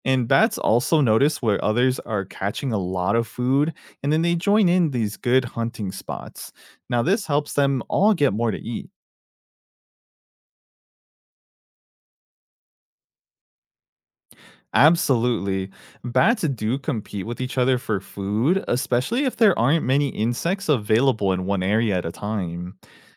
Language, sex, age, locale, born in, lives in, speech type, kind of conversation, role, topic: English, male, 30-34, en-US, United States, United States, read, expert-assistant, expert, How do bats navigate using echolocation?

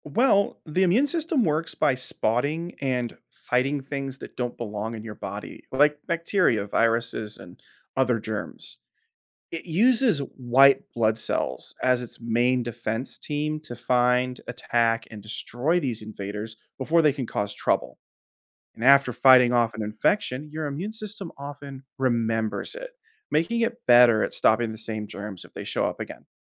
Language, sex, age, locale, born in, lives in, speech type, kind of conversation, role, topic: English, male, 30-34, en-US, United States, United States, read, expert-assistant, expert, How does the immune system protect the body from infections?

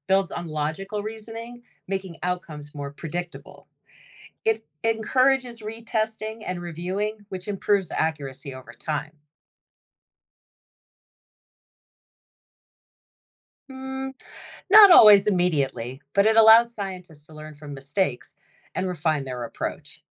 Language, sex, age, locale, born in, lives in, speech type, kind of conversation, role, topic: English, female, 50-54, en-US, United States, United States, read, expert-assistant, expert, How do scientists use the scientific method to solve problems?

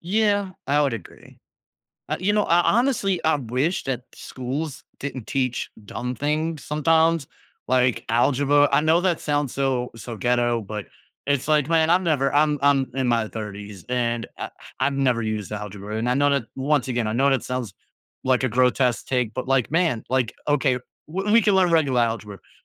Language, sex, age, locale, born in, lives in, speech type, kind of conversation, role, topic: English, male, 30-34, en-US, United States, United States, conversation, podcast, host, How can being a better listener help us resolve disagreements more effectively?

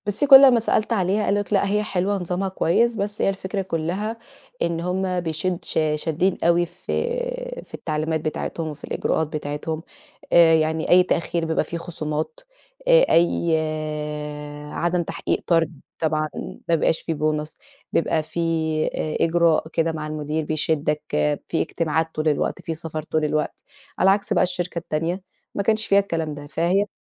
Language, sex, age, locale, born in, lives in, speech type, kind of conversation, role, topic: Arabic, female, 30-34, ar-EG, Egypt, Portugal, conversation, advice, user, إزاي أوصف عرض الشغل الجديد وأتفاوض على الشروط عشان أقرر أسيب ولا أكمّل في شغلي الحالي؟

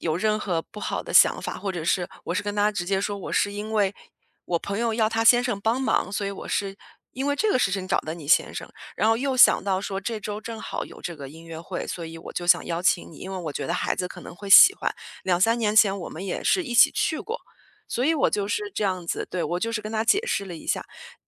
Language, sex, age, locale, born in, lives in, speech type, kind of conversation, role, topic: Chinese, female, 35-39, zh-CN, China, United States, conversation, advice, user, 面对批评时，如何放下不必要的情绪负担而不总想辩解？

